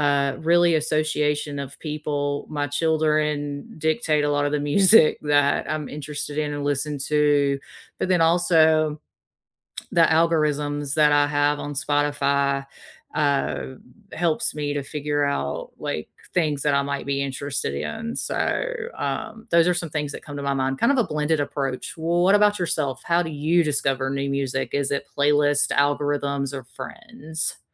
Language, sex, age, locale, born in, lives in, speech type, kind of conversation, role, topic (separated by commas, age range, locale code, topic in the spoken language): English, female, 40-44, en-US, United States, United States, conversation, unstructured, none, How do you discover new music through playlists, algorithms, or friends?